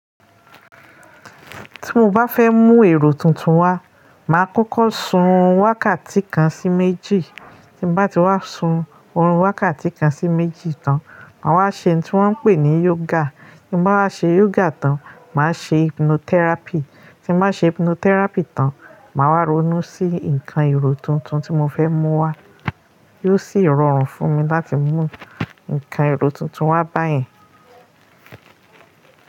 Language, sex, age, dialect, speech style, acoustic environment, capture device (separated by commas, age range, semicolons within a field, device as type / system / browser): Yoruba, female, 35-44, Nigeria - Lagos Yoruba, happy, nature, mobile / Linux / Chrome